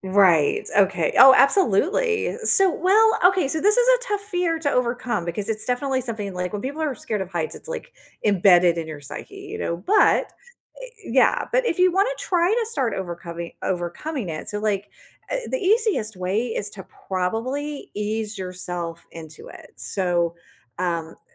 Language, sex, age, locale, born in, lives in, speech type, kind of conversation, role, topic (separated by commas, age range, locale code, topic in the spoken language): English, female, 45-49, en-US, United States, United States, conversation, advice, advisor, How can I overcome a fear that's interfering with my daily life?